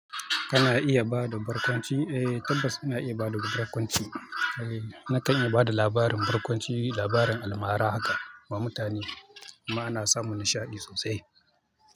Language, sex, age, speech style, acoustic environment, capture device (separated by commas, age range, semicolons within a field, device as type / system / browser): Hausa, male, 25-34, focused, people talking; nature; traffic, mobile / Linux / Chrome